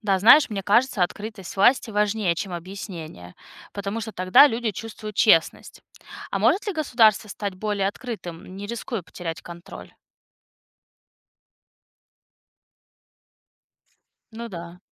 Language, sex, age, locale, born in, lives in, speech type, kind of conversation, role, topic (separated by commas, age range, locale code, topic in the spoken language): Russian, female, 30-34, ru-RU, Russia, United States, read, expert-assistant, asker, Какие сайты или передачи помогают увидеть, как государство работает изнутри?